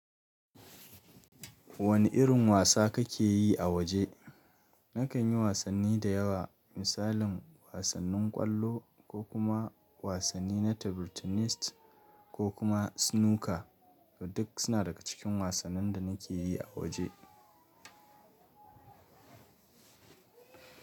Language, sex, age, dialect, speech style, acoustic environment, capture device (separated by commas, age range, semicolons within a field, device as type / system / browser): Hausa, male, 25-34, Nigeria - Nigerian Standard, happy; excited; focused, silence, mobile / Linux / Chrome